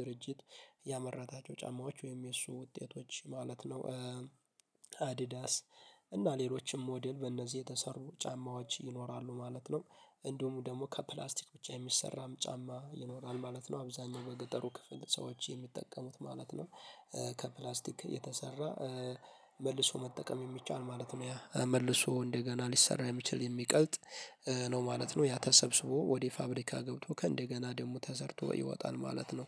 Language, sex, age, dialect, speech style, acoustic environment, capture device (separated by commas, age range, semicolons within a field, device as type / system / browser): Amharic, male, 18-24, Ethiopia - Addis Ababa, happy, nature; silence, mobile / Linux / Chrome